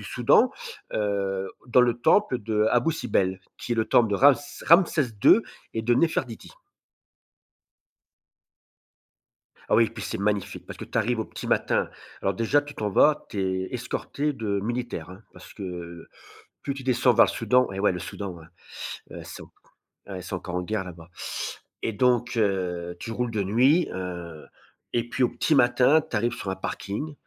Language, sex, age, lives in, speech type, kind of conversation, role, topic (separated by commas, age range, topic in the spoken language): French, male, 50-54, France, conversation, podcast, guest, Quel voyage a changé ta vision du monde ?